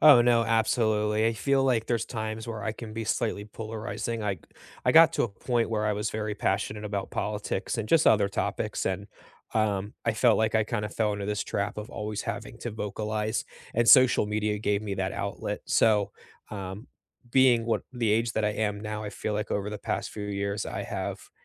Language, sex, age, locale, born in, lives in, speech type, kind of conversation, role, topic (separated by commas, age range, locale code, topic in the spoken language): English, male, 25-29, en-US, United States, United States, conversation, unstructured, none, What is a surprising lesson you have learned from an argument?